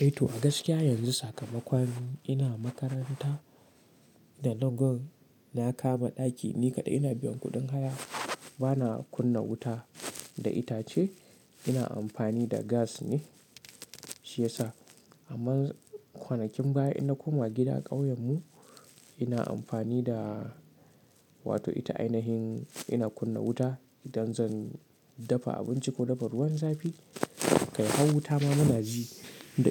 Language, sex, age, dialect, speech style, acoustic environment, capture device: Hausa, male, 18-24, Nigeria - Katsina, relaxed; excited; happy, silence, mobile / Linux / Chrome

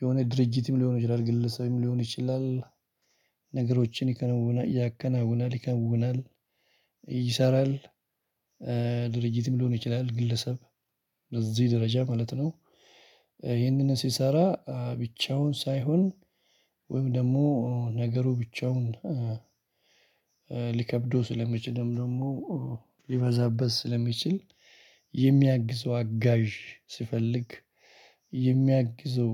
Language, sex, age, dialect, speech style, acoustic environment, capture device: Amharic, male, 35-44, Ethiopia - Addis Ababa, excited, people talking; music; appliances, mobile / Linux / Chrome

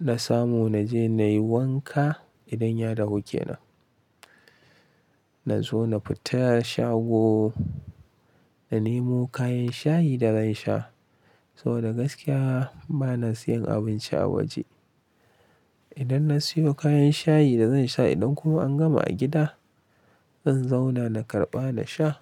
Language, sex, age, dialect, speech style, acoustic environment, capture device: Hausa, male, 25-34, Nigeria - Kano, happy, nature, mobile / Linux / Chrome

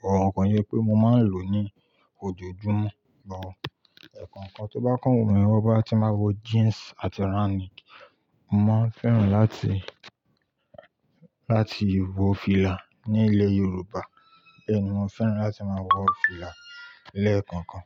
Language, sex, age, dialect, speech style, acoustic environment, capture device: Yoruba, male, 25-34, Nigeria - Ibadan, happy; relaxed, silence, mobile / Linux / Chrome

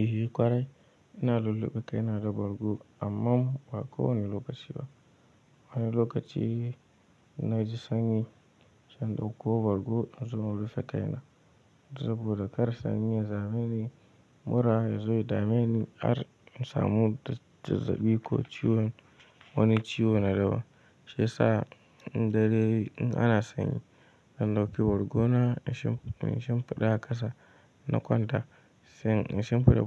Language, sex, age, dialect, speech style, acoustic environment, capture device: Hausa, male, 18-24, Nigeria - Kano, tired, nature, mobile / Linux / Chrome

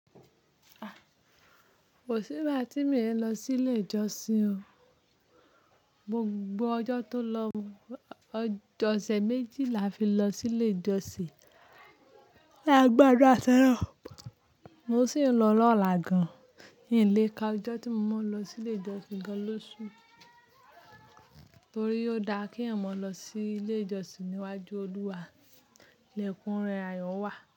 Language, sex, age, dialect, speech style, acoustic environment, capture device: Yoruba, female, 25-34, Nigeria - Ibadan, focused, nature, mobile / Linux / Chrome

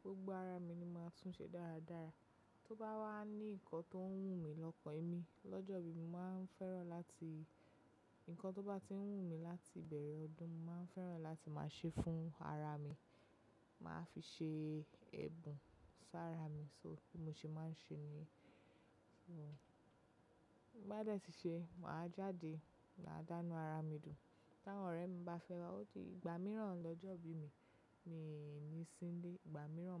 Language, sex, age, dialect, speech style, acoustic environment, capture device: Yoruba, female, 25-34, Nigeria - Lagos Yoruba, relaxed, silence; nature, mobile / macOS / Safari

